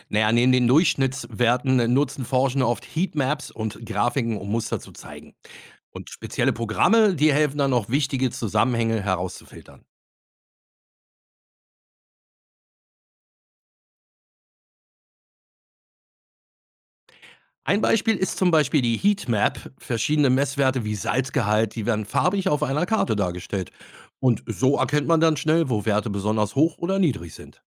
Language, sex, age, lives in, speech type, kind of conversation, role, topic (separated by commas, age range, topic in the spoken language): German, male, 50-54, Germany, read, expert-assistant, expert, Wie erkenne ich, ob eine Meeresbiologie-Studie wirklich vertrauenswürdig ist?